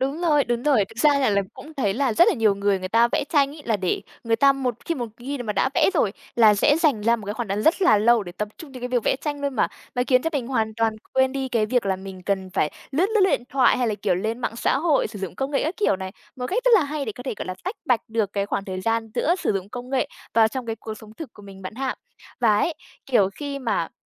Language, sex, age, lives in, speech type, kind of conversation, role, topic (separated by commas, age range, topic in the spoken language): Vietnamese, female, 20-24, Vietnam, conversation, podcast, host, Bạn làm thế nào để giữ cân bằng giữa công nghệ và cuộc sống thực?